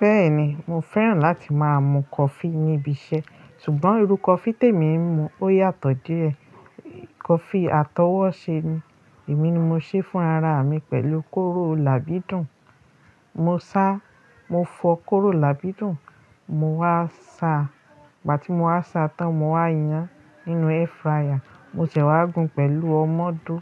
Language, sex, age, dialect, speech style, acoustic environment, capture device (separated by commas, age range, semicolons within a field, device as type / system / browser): Yoruba, female, 35-44, Nigeria - Lagos Yoruba, happy, nature, mobile / Linux / Chrome